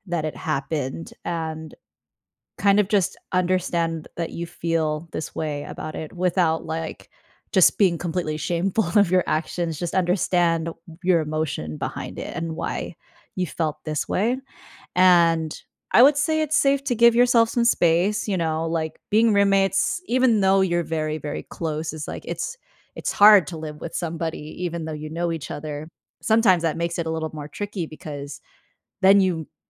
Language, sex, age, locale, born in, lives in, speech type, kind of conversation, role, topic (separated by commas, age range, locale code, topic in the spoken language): English, female, 25-29, en-US, United States, United States, conversation, advice, advisor, How can I apologize and repair a close friendship after a harsh argument?